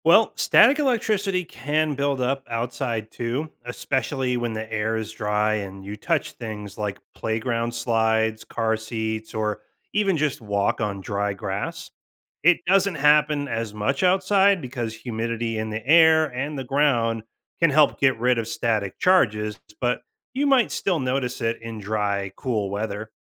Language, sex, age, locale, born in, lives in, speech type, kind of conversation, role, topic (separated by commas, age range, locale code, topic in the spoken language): English, male, 35-39, en-US, United States, United States, read, expert-assistant, expert, What causes static electricity and electric shocks?